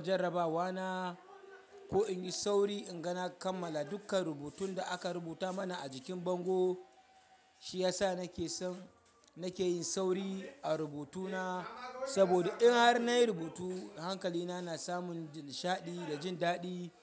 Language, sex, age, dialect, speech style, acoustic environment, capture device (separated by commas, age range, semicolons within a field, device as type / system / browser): Hausa, male, 25-34, Nigeria - Kano, excited; happy, people talking; nature, mobile / Linux / Chrome